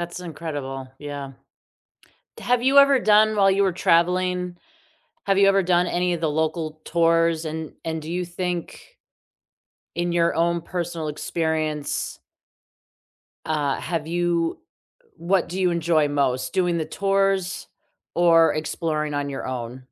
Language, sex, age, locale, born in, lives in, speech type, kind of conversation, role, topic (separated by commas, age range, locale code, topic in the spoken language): English, female, 40-44, en-US, United States, United States, conversation, unstructured, none, What is the best way to meet locals when you travel?